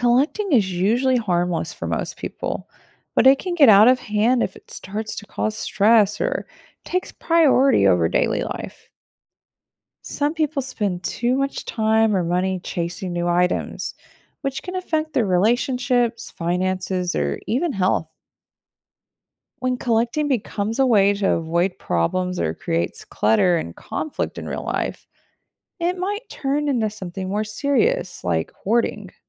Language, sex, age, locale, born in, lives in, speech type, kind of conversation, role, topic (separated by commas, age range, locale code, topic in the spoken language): English, female, 35-39, en-US, United States, United States, read, expert-assistant, expert, Why do people collect things like stamps?